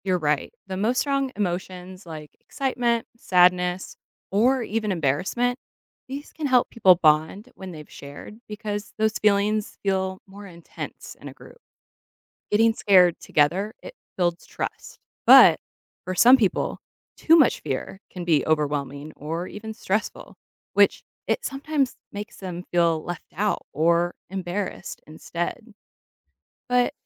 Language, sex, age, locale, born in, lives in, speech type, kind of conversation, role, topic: English, female, 30-34, en-US, United States, United States, read, expert-assistant, expert, What causes people to enjoy scary movies or haunted houses?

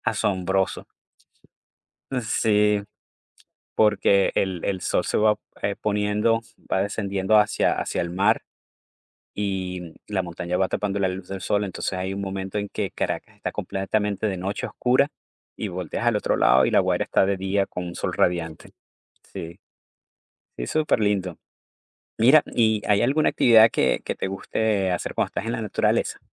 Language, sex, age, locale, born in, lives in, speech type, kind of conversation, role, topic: Spanish, male, 45-49, es-VE, Venezuela, United States, conversation, unstructured, none, ¿En qué lugar de la naturaleza te sientes más feliz?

